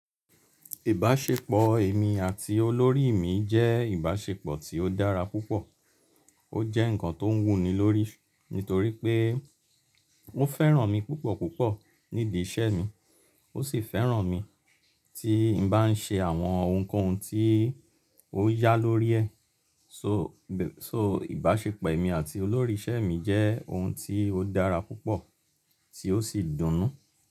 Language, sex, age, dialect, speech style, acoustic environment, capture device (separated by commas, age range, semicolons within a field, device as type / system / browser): Yoruba, male, 35-44, Nigeria - Lagos Yoruba, relaxed; focused, silence, mobile / Linux / Chrome